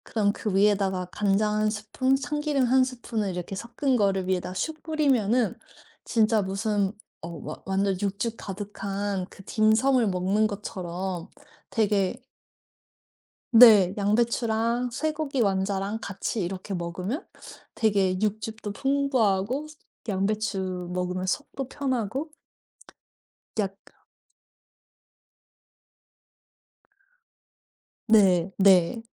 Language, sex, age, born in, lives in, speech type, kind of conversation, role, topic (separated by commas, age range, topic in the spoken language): Korean, female, 35-39, South Korea, United States, conversation, podcast, guest, 바쁜 날에도 건강하게 식사하려면 어떻게 해야 하나요?